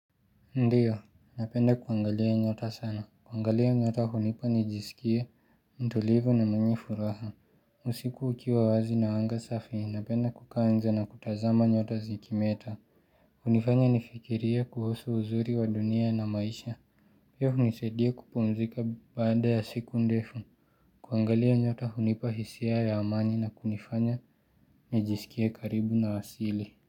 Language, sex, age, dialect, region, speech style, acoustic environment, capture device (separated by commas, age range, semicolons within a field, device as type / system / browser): Swahili, male, 18-24, Kenya - Nairobi Sheng-influenced, Kenya, relaxed, silence; nature; music; appliances, mobile / Linux / Chrome